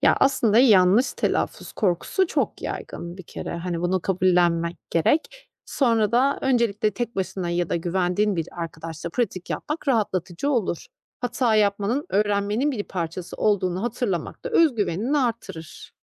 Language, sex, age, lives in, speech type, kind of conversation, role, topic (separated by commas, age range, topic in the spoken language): Turkish, female, 35-39, Austria, read, expert-assistant, expert, Yeni bir şehre taşındığınızda, oradaki konuşma tarzına uyum sağlamanın en iyi yolları nelerdir?